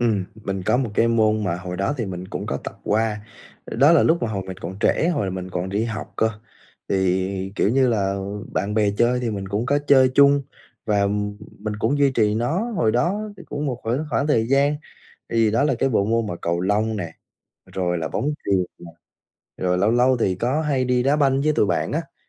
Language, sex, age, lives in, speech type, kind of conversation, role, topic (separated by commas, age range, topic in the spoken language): Vietnamese, male, 20-24, Vietnam, conversation, advice, user, Làm sao để đặt mục tiêu tập luyện thực tế và dễ duy trì?